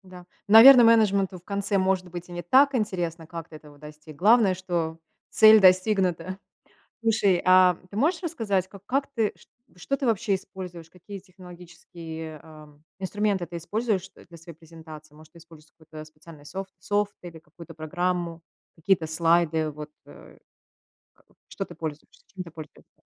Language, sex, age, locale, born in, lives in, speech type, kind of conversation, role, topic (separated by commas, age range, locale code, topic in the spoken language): Russian, female, 35-39, ru-RU, Russia, United States, conversation, podcast, host, Что делает презентацию вашей работы запоминающейся?